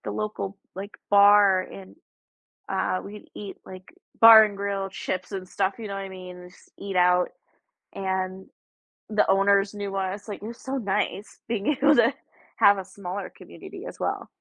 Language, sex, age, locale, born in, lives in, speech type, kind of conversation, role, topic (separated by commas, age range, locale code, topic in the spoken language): English, female, 20-24, en-US, United States, United States, conversation, unstructured, none, How can you explore nearby in smart, low-impact ways that build local connections?